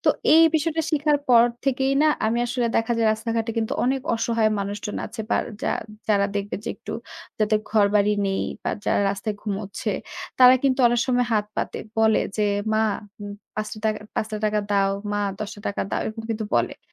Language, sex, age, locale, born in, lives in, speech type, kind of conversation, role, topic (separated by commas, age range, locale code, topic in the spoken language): Bengali, female, 25-29, bn-BD, Bangladesh, Bangladesh, conversation, podcast, guest, প্রকৃতি থেকে তুমি সবচেয়ে বড় কোন জীবনশিক্ষা পেয়েছো?